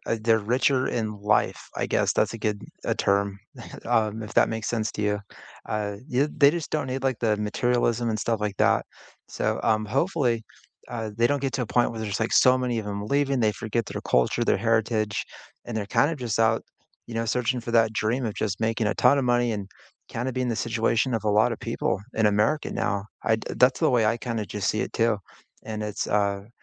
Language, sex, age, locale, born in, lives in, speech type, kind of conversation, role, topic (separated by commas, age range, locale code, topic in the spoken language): English, male, 40-44, en-US, United States, United States, conversation, unstructured, none, Which nature spots make you feel calm and connected, and what personal stories bring them alive?